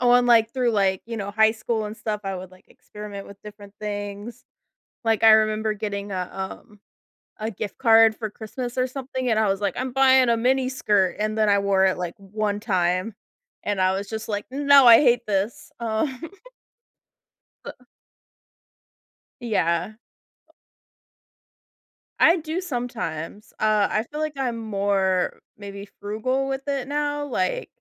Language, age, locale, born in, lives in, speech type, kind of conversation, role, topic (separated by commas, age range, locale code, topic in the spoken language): English, 30-34, en-US, United States, United States, conversation, podcast, guest, What does it mean to express your true self through your personal style?